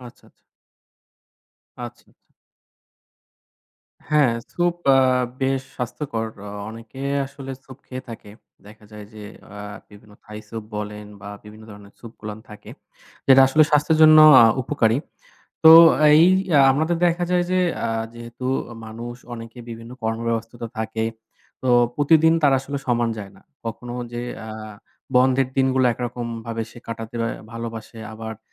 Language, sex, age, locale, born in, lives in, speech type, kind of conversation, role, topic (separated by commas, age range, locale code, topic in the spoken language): Bengali, male, 25-29, bn-BD, Bangladesh, Bangladesh, conversation, podcast, host, আপনি কীভাবে বাড়িতে স্বাস্থ্যকর খাবার রান্না সহজ করে তোলেন?